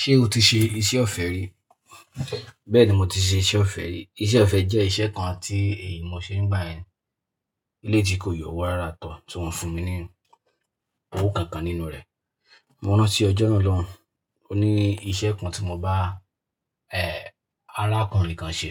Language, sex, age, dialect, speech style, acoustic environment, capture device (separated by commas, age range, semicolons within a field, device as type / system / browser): Yoruba, male, 25-34, Nigeria - Ibadan, happy, nature; people talking, mobile / Linux / Chrome